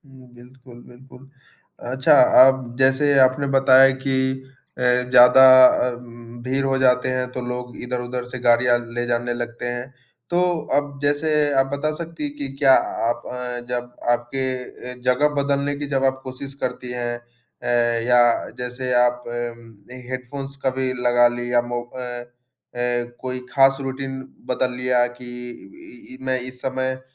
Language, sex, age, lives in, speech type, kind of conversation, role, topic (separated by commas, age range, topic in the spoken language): Hindi, male, 20-24, India, conversation, advice, advisor, क्या आप शोर-शराबे या ध्यान भटकाने वाली चीज़ों की वजह से ध्यान केंद्रित नहीं कर पा रहे हैं?